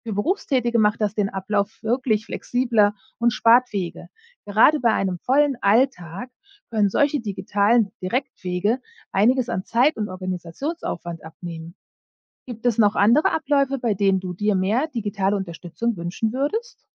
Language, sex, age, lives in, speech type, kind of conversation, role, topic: German, female, 40-44, United States, read, expert-assistant, expert, Wie können Arztbesuche für Berufstätige durch digitale Lösungen effizienter gestaltet werden?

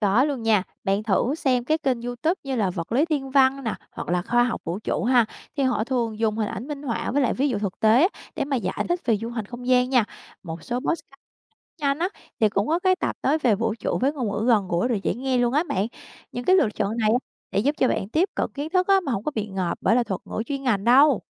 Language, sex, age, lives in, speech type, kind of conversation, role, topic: Vietnamese, female, 25-29, Vietnam, read, expert-assistant, expert, Nếu muốn tìm hiểu về các chuyến du hành vũ trụ, mình nên đọc ở đâu để có thông tin vừa đầy đủ vừa dễ hiểu?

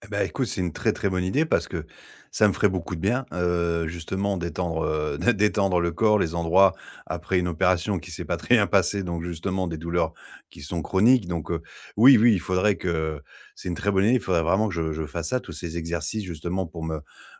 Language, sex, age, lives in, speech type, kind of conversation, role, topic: French, male, 40-44, France, conversation, advice, user, Comment gérer mon stress et me préparer mentalement avant de dormir ?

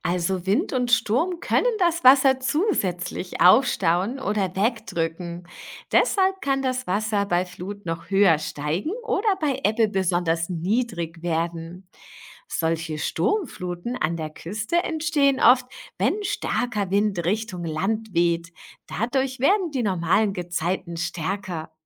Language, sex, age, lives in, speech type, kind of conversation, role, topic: German, female, 40-44, Germany, read, expert-assistant, expert, Warum gibt es Ebbe und Flut?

